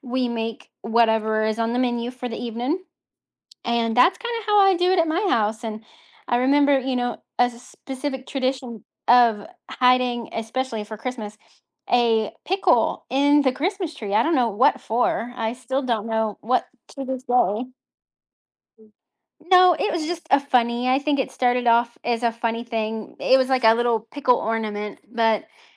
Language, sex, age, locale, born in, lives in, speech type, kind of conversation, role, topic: English, female, 30-34, en-US, United States, United States, conversation, advice, user, How can I adapt family traditions?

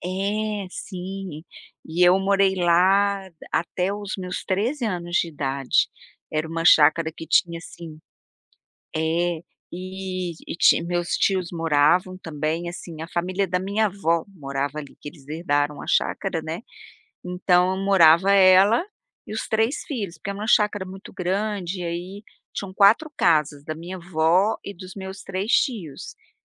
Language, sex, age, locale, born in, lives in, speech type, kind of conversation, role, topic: Portuguese, female, 55-59, pt-BR, Brazil, United States, conversation, podcast, guest, Como a natureza ajuda você a desacelerar?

